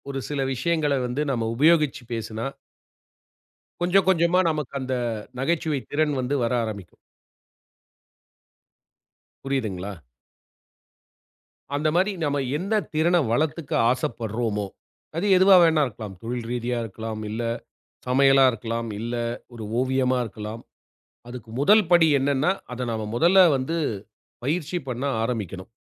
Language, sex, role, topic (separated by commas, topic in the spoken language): Tamil, male, guest, ஒரு புதிய திறனை கற்றுக்கொள்ள நீங்கள் என்னென்ன படிகளைப் பின்பற்றுகிறீர்கள்?